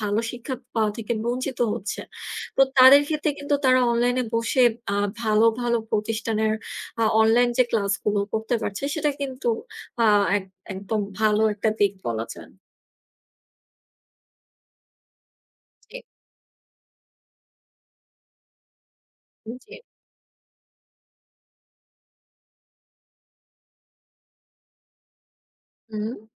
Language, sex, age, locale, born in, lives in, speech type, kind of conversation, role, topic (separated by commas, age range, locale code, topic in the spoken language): Bengali, female, 25-29, bn-BD, Bangladesh, Bangladesh, conversation, unstructured, none, আপনার মতে অনলাইন শিক্ষা আসলে কতটা কার্যকর?